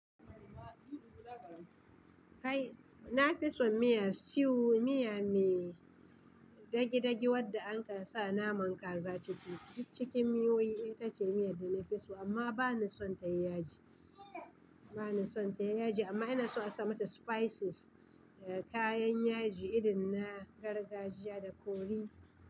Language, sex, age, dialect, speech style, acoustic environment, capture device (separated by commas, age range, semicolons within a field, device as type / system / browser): Hausa, female, 35-44, Nigeria - Kano, excited, people talking, mobile / Linux / Chrome